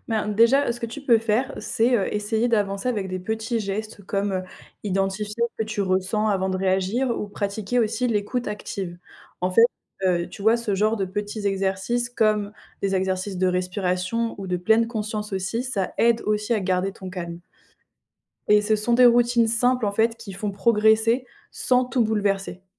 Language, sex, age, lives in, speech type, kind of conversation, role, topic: French, female, 20-24, France, read, expert-assistant, expert, Comment l’intelligence émotionnelle peut-elle m’aider à mieux gérer mes relations au travail ou dans la vie quotidienne ?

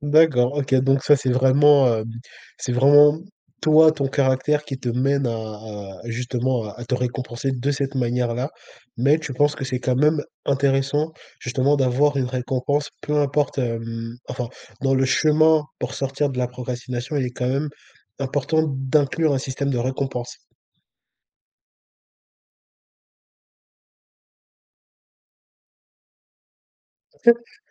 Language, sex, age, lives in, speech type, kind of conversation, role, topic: French, male, 20-24, France, conversation, podcast, host, Tu fais quoi pour éviter de procrastiner à la maison ?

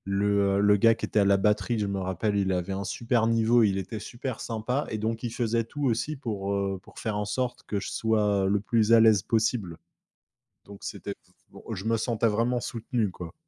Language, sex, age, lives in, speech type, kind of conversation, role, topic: French, male, 25-29, France, conversation, podcast, guest, Peux-tu raconter la première fois que tu as montré ton travail en public ?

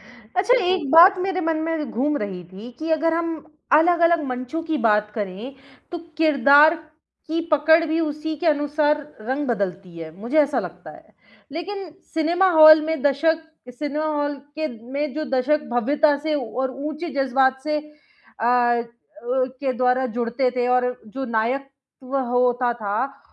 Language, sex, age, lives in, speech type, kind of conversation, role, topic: Hindi, female, 25-29, India, conversation, podcast, host, किस तरह का किरदार दर्शकों को सबसे ज़्यादा बाँधकर रखता है?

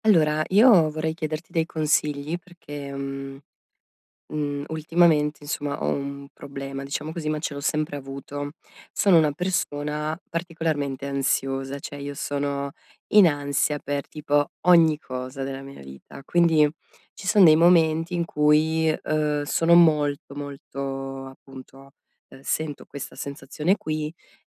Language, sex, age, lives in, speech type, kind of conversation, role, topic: Italian, female, 35-39, Italy, conversation, advice, user, Come posso calmarmi subito quando mi sento sopraffatto o molto arrabbiato?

